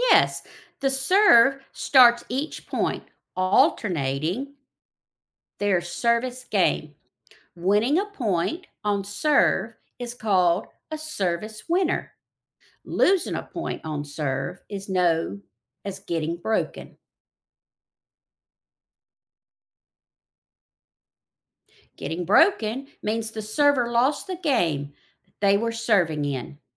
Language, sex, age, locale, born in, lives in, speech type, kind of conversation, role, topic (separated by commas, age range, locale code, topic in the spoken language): English, female, 55-59, en-US, United States, United States, read, expert-assistant, expert, Explain how tennis scoring works.